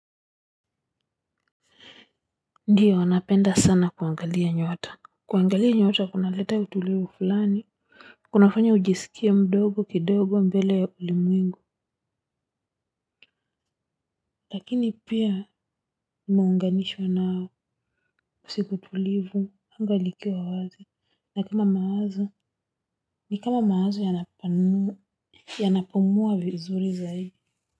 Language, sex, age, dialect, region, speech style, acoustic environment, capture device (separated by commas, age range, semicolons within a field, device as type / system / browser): Swahili, female, 35-44, Kenya - Nairobi Sheng-influenced, Kenya, focused, construction, mobile / Linux / Chrome